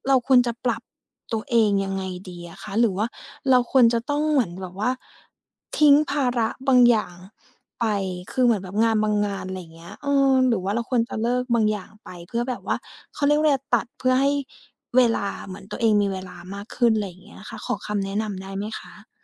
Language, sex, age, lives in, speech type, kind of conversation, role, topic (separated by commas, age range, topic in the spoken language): Thai, female, 30-34, Thailand, conversation, advice, user, จะเริ่มโปรแกรมออกกำลังกายอย่างไรดีเมื่อยังขาดความมั่นใจ?